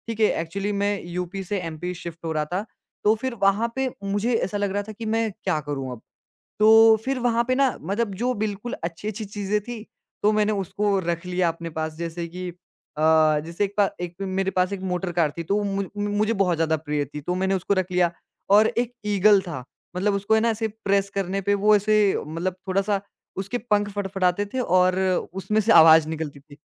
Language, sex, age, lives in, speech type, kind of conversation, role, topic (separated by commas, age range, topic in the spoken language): Hindi, male, 20-24, India, conversation, podcast, guest, गैरज़रूरी चीज़ें फेंकने का फैसला आप कैसे लेते हैं?